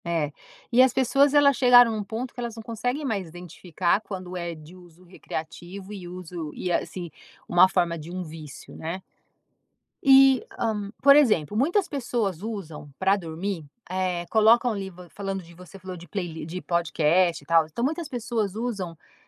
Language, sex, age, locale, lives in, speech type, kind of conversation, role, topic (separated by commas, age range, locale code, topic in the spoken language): Portuguese, female, 50-54, pt-BR, United States, conversation, podcast, host, Como o celular influencia seu descanso?